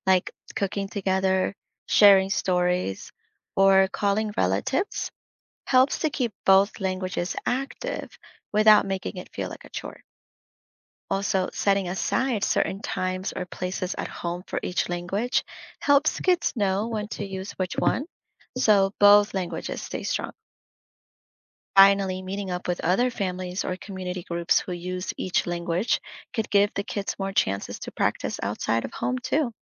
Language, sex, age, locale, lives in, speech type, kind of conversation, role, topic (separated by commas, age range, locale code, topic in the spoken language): English, female, 30-34, en-US, United States, read, expert-assistant, expert, How can learning a second language affect brain development in children?